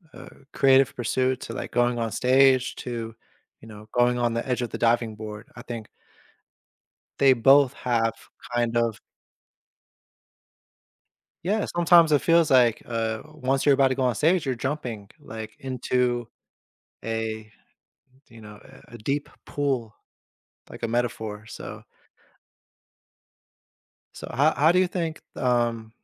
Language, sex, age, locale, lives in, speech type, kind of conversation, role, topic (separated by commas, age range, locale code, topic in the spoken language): English, male, 30-34, en-US, United States, conversation, podcast, host, What factors make a hobby meaningful or fulfilling in your life?